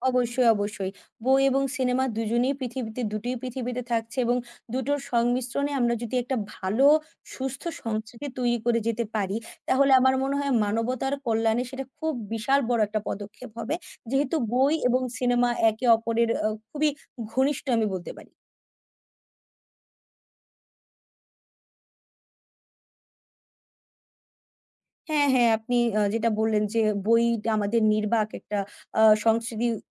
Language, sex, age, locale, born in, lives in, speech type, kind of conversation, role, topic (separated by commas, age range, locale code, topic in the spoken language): Bengali, female, 20-24, bn-BD, Bangladesh, Bangladesh, conversation, unstructured, none, কোনটি আপনার কাছে বেশি আনন্দদায়ক: বই পড়া, নাকি সিনেমা দেখা?